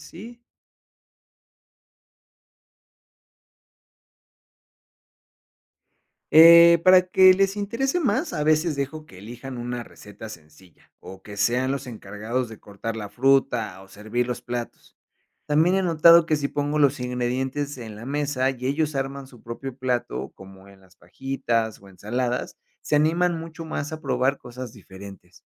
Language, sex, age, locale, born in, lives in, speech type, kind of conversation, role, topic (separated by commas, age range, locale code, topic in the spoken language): Spanish, male, 30-34, es-MX, Mexico, Mexico, read, expert-assistant, asker, ¿Qué papel juegan los hábitos alimenticios en el rendimiento escolar?